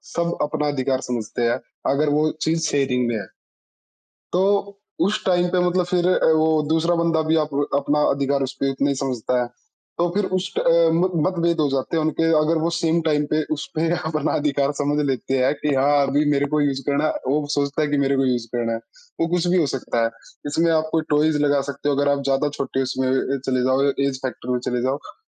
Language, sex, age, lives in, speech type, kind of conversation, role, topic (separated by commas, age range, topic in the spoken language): Hindi, male, 20-24, India, conversation, unstructured, none, परिवार में सबसे बड़ा झगड़ा आमतौर पर किस बात पर होता है?